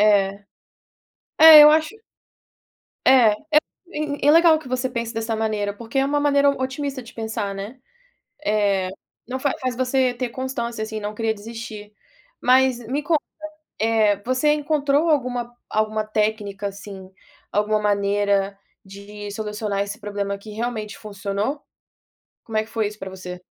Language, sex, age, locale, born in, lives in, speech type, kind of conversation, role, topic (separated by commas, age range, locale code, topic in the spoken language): Portuguese, female, 25-29, pt-BR, Brazil, United States, conversation, podcast, host, Como você lida com a procrastinação nos estudos?